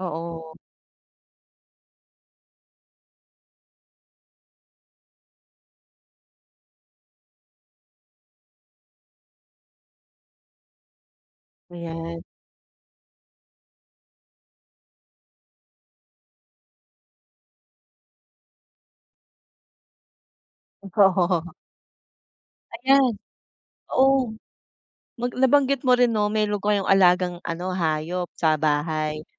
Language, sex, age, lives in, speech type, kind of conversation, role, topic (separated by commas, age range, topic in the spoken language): Filipino, female, 40-44, Philippines, conversation, podcast, host, Ano ang maipapayo mo sa taong hirap magpahinga sa bahay?